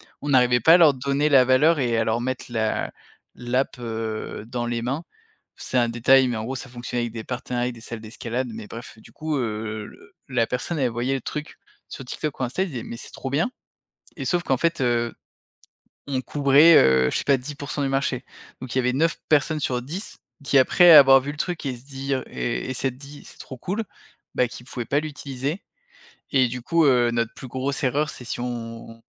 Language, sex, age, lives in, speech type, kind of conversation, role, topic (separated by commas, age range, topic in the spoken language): French, male, 30-34, France, conversation, podcast, guest, Comment trouver son premier public ?